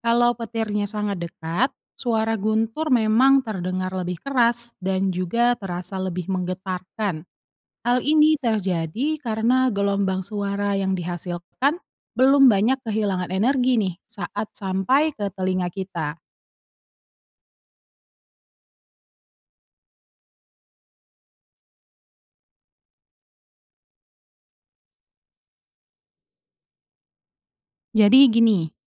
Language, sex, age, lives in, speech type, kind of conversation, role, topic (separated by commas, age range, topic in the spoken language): Indonesian, female, 30-34, Indonesia, read, expert-assistant, expert, Apa yang membuat suara petir terdengar setelah kilat?